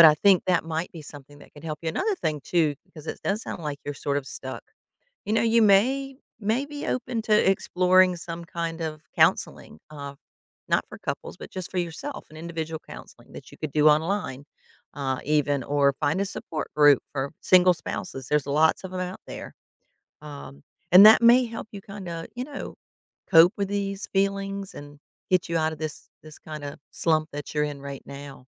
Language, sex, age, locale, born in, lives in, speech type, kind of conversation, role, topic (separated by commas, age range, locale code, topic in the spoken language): English, female, 55-59, en-US, United States, United States, conversation, advice, advisor, How can I overcome intense shame and begin to move on after a breakup?